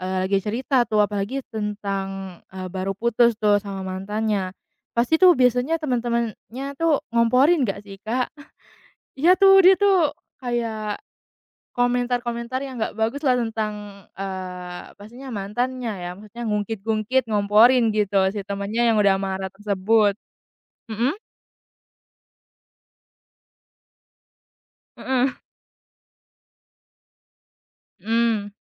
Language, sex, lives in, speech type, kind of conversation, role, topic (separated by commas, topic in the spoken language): Indonesian, female, Indonesia, conversation, podcast, host, Bagaimana cara mendengarkan orang yang sedang marah tanpa ikut terpancing emosi?